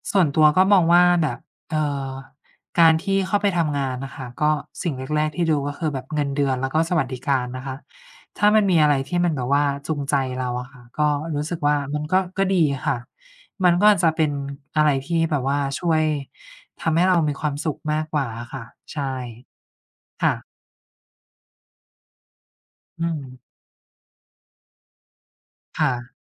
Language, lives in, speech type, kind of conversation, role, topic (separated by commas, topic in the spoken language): Thai, Thailand, conversation, unstructured, none, เวลางานหนักเกินไป คุณจัดการความเครียดยังไง?